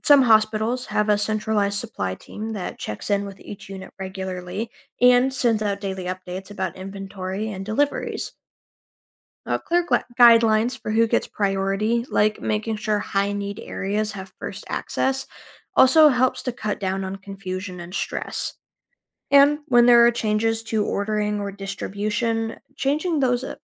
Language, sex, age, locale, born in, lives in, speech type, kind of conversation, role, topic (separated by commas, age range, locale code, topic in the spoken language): English, other, 20-24, en-US, United States, United States, read, expert-assistant, expert, How can a healthcare administrator organize resources to handle unexpected patient surges?